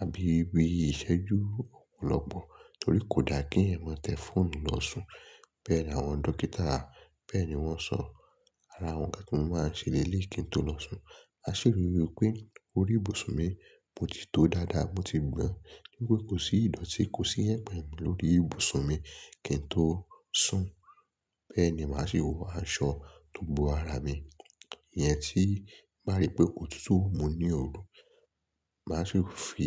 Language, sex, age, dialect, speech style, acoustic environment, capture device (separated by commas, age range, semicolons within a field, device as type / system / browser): Yoruba, male, 25-34, Nigeria - Lagos Yoruba, focused; excited; relaxed, traffic; silence; nature, mobile / Linux / Chrome